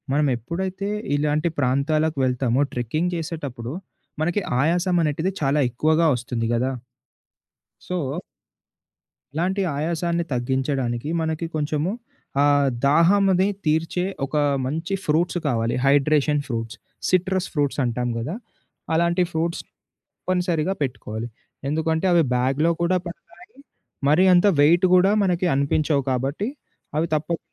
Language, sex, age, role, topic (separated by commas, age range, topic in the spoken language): Telugu, male, 20-24, guest, ట్రెక్కింగ్‌లో సురక్షితంగా ఉండేందుకు మీకు అత్యంత ఉపయోగకరమైన చిట్కాలు ఏవి?